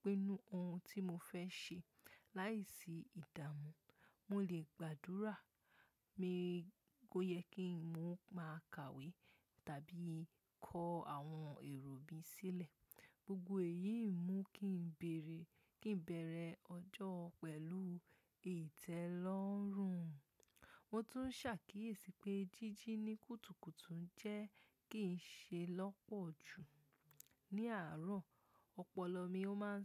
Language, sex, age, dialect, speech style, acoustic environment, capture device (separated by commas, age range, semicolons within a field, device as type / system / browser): Yoruba, female, 18-24, Nigeria - Lagos Yoruba, relaxed; focused, nature; silence, mobile / macOS / Safari